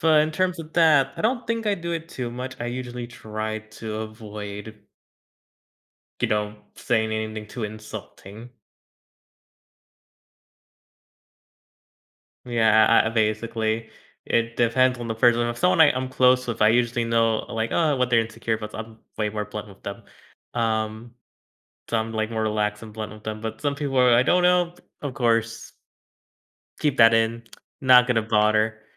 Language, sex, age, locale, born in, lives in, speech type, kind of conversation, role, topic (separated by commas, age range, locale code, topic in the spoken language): English, male, 20-24, en-US, United States, United States, conversation, advice, user, How can I begin to share my feelings?